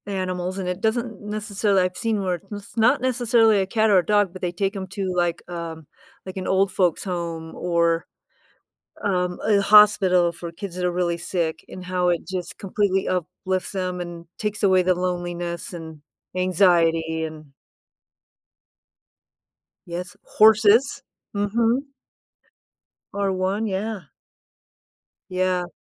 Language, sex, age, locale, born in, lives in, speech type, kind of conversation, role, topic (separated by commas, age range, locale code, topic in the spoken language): English, female, 55-59, en-US, United States, United States, conversation, unstructured, none, How do pets help improve our mood each day?